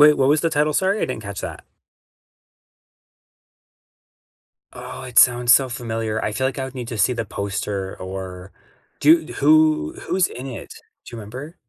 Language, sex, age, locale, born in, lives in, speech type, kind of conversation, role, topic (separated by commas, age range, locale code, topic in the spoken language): English, male, 35-39, en-CA, Canada, Canada, conversation, unstructured, none, What is the most underrated movie you would recommend to everyone?